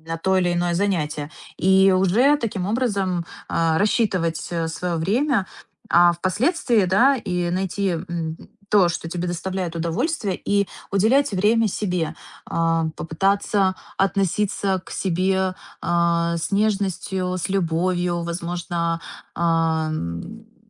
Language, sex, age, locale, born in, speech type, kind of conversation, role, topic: Russian, female, 30-34, ru-RU, Ukraine, conversation, advice, advisor, Как увидеть смысл в ежедневных рутинных делах?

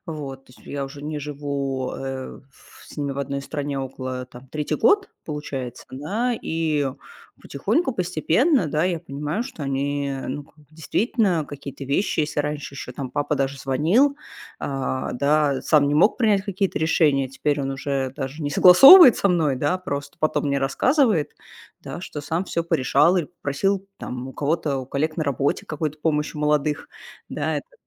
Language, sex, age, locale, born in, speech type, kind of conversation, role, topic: Russian, female, 35-39, ru-RU, Russia, conversation, advice, user, Как справиться с чувством вины перед семьёй и друзьями после переезда?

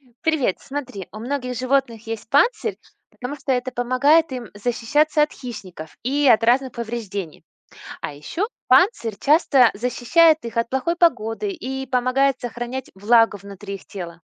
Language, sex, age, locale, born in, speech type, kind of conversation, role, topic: Russian, female, 40-44, ru-RU, Russia, read, expert-assistant, expert, Почему у некоторых животных есть панцирь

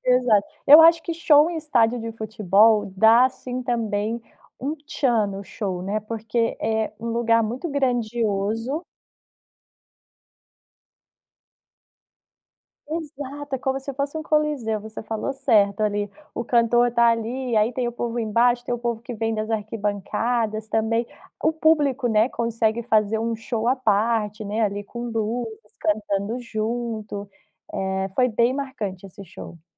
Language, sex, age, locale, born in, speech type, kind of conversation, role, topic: Portuguese, female, 30-34, pt-BR, Brazil, conversation, podcast, guest, Qual foi o show mais marcante que você já viu ao vivo?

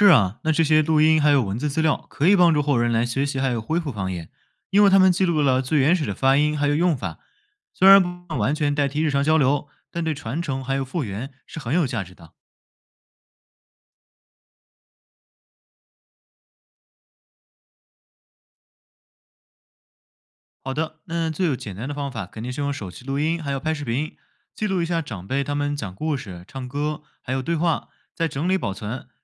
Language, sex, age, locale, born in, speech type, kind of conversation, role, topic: Chinese, male, 20-24, zh-CN, China, read, expert-assistant, expert, 大家常说方言快要消失了，这句话到底是什么意思？是不是所有方言都面临相同的情况？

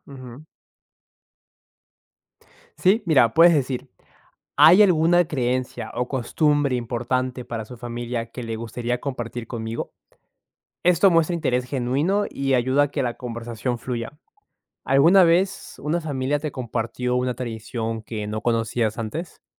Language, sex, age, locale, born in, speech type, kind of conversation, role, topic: Spanish, male, 20-24, es-PE, Peru, read, expert-assistant, expert, ¿Cómo puedo entender rápido las ideas principales de varias religiones?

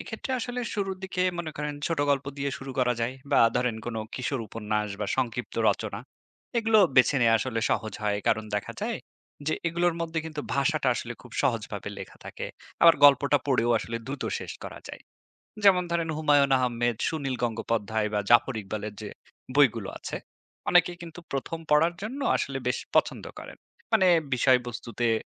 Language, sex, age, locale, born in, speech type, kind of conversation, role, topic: Bengali, male, 30-34, bn-BD, Bangladesh, read, expert-assistant, expert, কীভাবে শিশুকে সমসাময়িক বাংলা সাহিত্য পড়ায় আগ্রহী করা যায়?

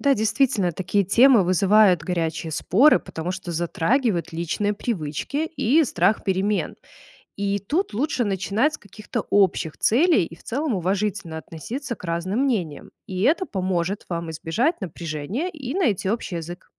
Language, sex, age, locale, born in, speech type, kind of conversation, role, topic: Russian, female, 30-34, ru-RU, Belarus, read, expert-assistant, expert, Как договариваться о снижении углеродного следа на работе без ссор?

